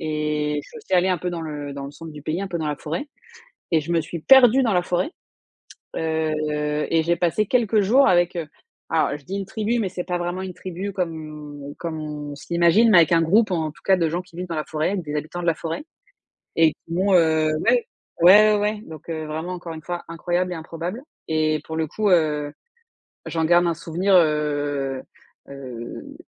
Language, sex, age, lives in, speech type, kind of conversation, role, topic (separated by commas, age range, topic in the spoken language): French, female, 35-39, France, conversation, unstructured, none, Qu’est-ce qui rend un voyage vraiment spécial pour toi ?